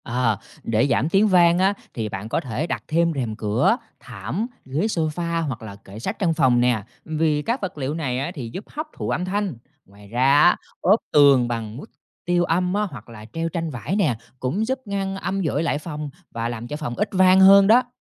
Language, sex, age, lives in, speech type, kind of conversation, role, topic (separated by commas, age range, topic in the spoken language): Vietnamese, male, 30-34, Vietnam, read, expert-assistant, expert, Tại sao khi ta vỗ tay trong phòng trống, âm thanh vang vọng?